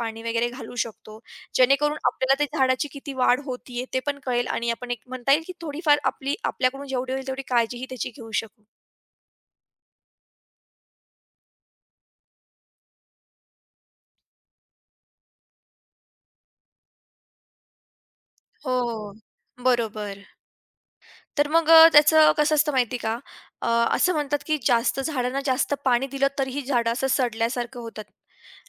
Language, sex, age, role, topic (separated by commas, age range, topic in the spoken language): Marathi, female, 20-24, guest, शहरात हिरवळ वाढवण्यासाठी आपण काय करू शकतो?